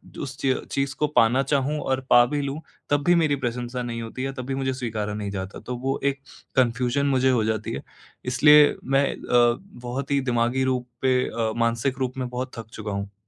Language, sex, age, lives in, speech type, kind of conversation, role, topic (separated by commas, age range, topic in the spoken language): Hindi, male, 18-19, India, conversation, advice, user, दूसरों की राय के साथ मैं अपने स्टाइल और पसंद को कैसे संतुलित करूँ?